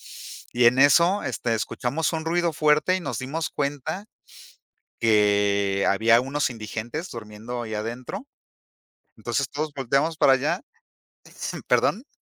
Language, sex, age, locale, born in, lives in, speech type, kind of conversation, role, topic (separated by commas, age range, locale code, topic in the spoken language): Spanish, male, 50-54, es-MX, Mexico, Mexico, conversation, podcast, guest, ¿Qué barrio te ha marcado y por qué?